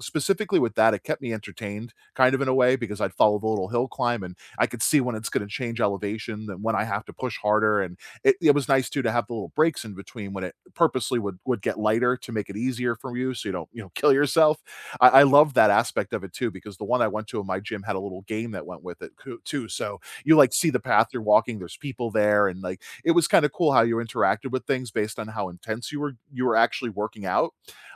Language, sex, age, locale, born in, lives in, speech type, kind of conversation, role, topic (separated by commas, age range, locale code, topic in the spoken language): English, male, 35-39, en-US, United States, United States, conversation, unstructured, none, What is one activity you started doing that changed the way you think about staying healthy?